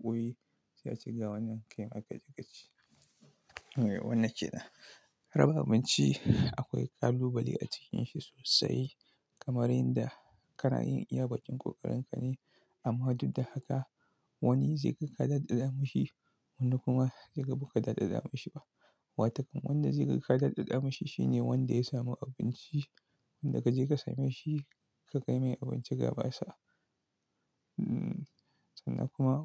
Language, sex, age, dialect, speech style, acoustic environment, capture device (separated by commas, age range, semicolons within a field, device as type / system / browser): Hausa, male, 25-34, Nigeria - Kano, focused, silence, desktop / Linux / Chrome